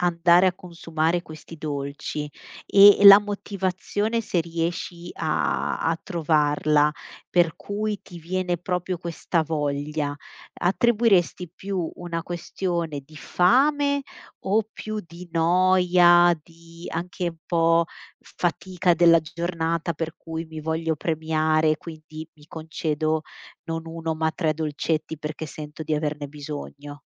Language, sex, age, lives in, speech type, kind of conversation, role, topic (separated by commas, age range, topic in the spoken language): Italian, female, 35-39, Italy, conversation, advice, advisor, Come posso controllare la voglia di zucchero e di snack dolci?